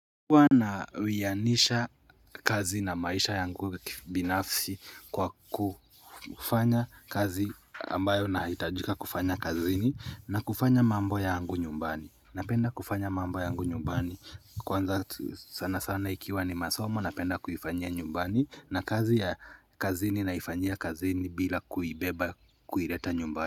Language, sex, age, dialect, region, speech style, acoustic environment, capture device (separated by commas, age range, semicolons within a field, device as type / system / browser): Swahili, male, 25-34, Kenya - Nairobi Sheng-influenced, Kenya, happy; nervous, nature, mobile / Linux / Chrome